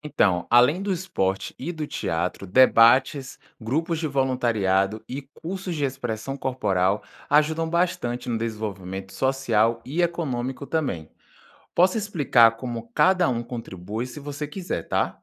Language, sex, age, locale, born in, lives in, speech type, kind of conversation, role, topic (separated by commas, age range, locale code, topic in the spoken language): Portuguese, male, 25-29, pt-BR, Brazil, France, read, expert-assistant, expert, Você acha que competir em esportes muda o jeito que a gente lida com ganhar e perder fora do jogo?